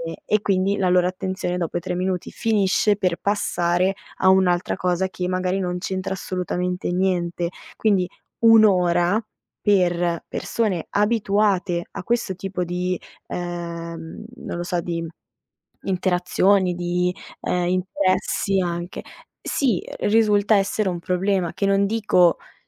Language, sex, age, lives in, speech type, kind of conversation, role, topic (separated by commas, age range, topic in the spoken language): Italian, female, 25-29, Italy, conversation, podcast, guest, Come si può rendere una lezione più coinvolgente per gli studenti?